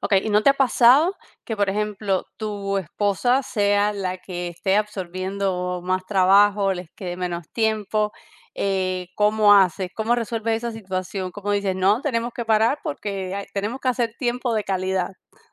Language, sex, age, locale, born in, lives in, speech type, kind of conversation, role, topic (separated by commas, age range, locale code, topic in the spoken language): Spanish, female, 40-44, es-CU, Cuba, United States, conversation, podcast, host, ¿Cómo manejas el equilibrio entre el trabajo y la vida personal?